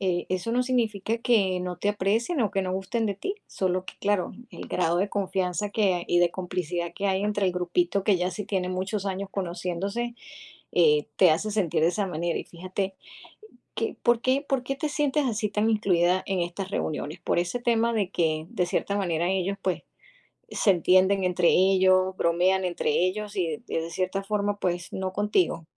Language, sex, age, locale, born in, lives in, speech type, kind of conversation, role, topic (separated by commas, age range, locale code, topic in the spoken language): Spanish, female, 50-54, es-US, Venezuela, United States, conversation, advice, advisor, ¿Cómo puedo celebrar en grupo cuando me siento excluido socialmente?